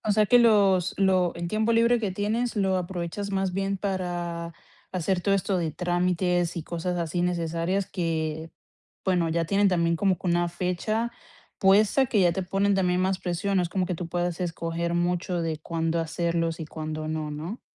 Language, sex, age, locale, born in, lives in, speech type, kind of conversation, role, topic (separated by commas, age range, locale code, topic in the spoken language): Spanish, female, 25-29, es-CO, Colombia, United States, conversation, advice, advisor, ¿Cómo puedo reservar tiempo para descansar y recuperarme mentalmente?